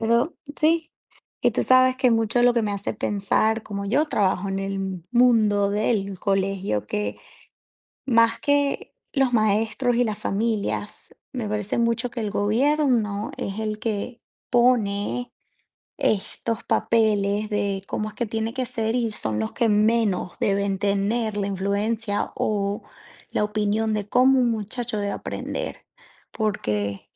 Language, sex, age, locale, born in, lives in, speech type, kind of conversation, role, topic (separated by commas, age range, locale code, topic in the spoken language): Spanish, female, 30-34, es-VE, Venezuela, United States, conversation, unstructured, none, ¿Qué harías para mejorar la educación en tu país?